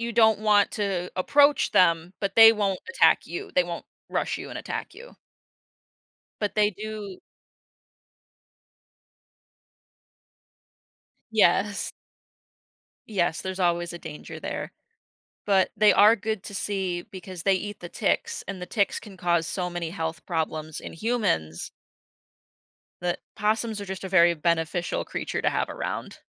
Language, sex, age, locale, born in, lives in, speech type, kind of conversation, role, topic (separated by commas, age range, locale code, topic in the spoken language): English, female, 25-29, en-US, United States, United States, conversation, podcast, guest, What challenges do animals face when adapting to changes in their environment?